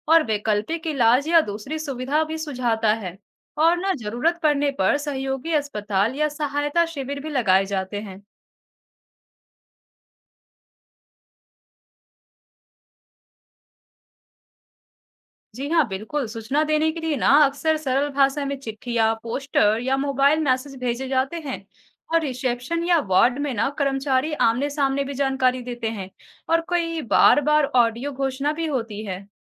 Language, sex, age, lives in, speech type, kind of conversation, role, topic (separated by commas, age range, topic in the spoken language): Hindi, female, 20-24, India, read, expert-assistant, expert, अगर अस्पताल का बजट सीमित हो, तो आप महत्वपूर्ण सेवाओं की गुणवत्ता को कैसे सुनिश्चित कर सकते हैं?